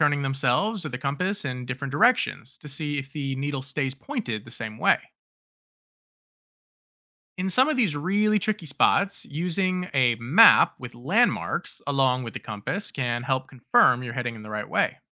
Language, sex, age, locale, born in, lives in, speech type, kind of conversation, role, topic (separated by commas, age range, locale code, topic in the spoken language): English, male, 30-34, en-US, United States, United States, read, expert-assistant, expert, How does a compass work?